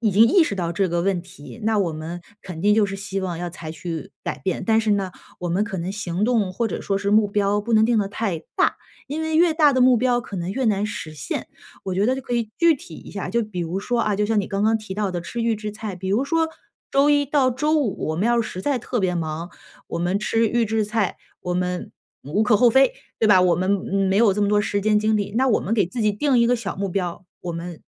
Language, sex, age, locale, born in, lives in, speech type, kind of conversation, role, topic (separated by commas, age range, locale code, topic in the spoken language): Chinese, female, 35-39, zh-CN, China, United States, conversation, advice, advisor, 我怎样才能更好地接受身体功能的变化？